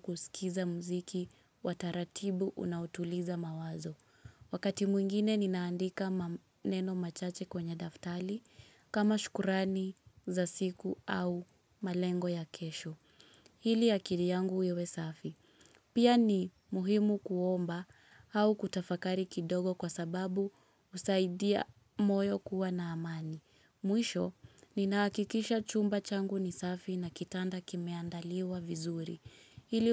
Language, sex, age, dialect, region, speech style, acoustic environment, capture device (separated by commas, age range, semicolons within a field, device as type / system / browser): Swahili, female, 25-34, Kenya - Nairobi Sheng-influenced, Kenya, focused, silence, mobile / Linux / Chrome